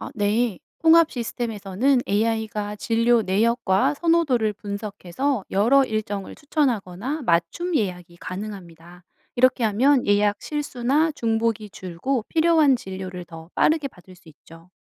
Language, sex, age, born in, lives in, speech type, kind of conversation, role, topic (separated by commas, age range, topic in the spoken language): Korean, female, 35-39, South Korea, South Korea, read, expert-assistant, expert, 병원 예약 시스템, 어떻게 더 편리해질 수 있을까요?